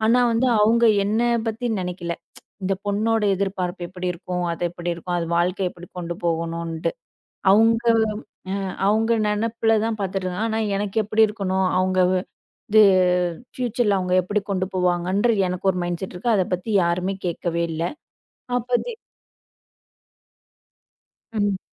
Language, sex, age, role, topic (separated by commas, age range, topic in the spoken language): Tamil, female, 25-29, guest, நீங்கள் உங்கள் வாழ்க்கையின் நோக்கம் தெளிவானதாக உணர்ந்த தருணம் எது?